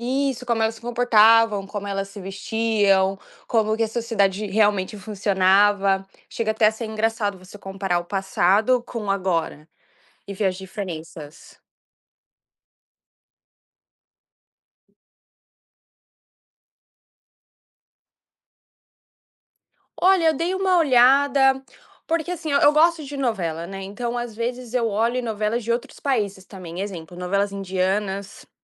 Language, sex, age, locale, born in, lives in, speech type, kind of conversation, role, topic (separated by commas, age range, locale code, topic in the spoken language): Portuguese, female, 20-24, pt-BR, Brazil, United States, conversation, podcast, guest, Como você percebe a evolução das novelas ao longo dos anos?